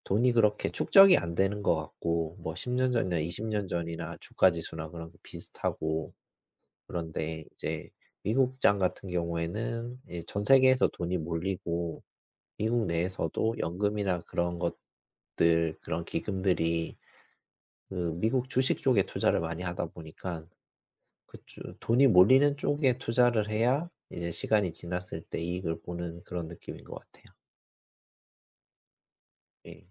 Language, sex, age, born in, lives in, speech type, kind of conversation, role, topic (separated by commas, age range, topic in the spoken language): Korean, male, 40-44, South Korea, South Korea, conversation, unstructured, none, 투자를 시작할 때 가장 중요한 것은 무엇이라고 생각하시나요?